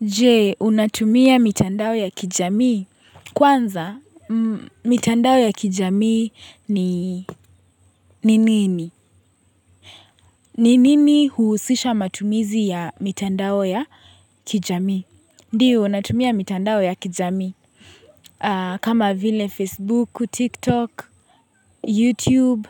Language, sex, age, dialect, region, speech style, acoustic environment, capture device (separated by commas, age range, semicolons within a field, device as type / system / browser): Swahili, female, 18-24, Kenya - Nairobi Sheng-influenced, Kenya, happy; relaxed; focused, people talking; nature, mobile / Linux / Chrome